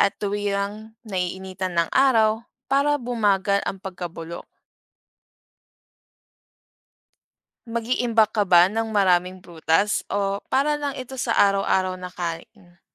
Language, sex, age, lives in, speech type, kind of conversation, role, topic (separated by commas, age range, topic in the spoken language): Filipino, female, 25-29, Philippines, read, expert-assistant, expert, Bakit may mga prutas na mabilis mabulok?